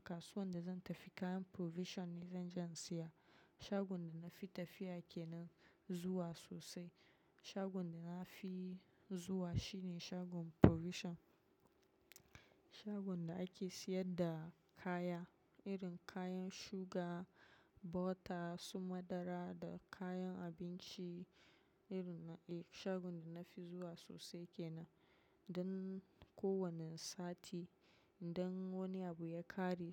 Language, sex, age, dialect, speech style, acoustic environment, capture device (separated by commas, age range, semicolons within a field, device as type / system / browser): Hausa, female, 25-34, Nigeria - Nigerian Standard, focused; relaxed, silence, mobile / macOS / Safari